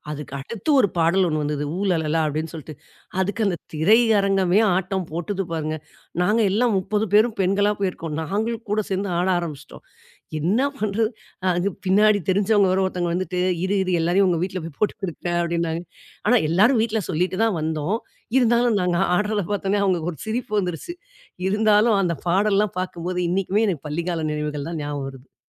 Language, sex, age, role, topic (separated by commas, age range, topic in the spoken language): Tamil, female, 40-44, guest, பள்ளிக்கால நினைவுகளை மீட்டெழுப்பும் பாடலை பரிந்துரைக்க முடியுமா?